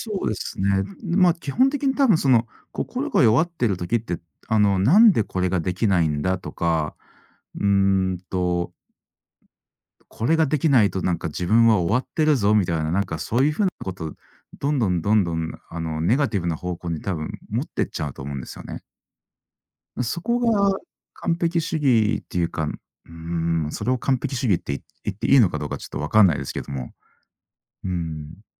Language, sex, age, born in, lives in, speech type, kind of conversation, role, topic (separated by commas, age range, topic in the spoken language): Japanese, male, 40-44, Japan, Japan, conversation, podcast, guest, 完璧主義とどのように向き合っていますか？